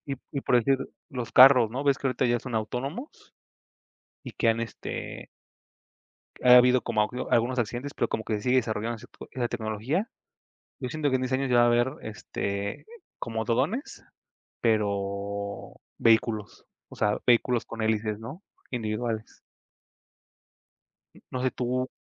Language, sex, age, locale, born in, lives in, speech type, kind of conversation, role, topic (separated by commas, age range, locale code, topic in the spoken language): Spanish, male, 25-29, es-MX, Mexico, Mexico, conversation, unstructured, none, ¿Te ha sorprendido alguna vez lo rápido que avanza la tecnología?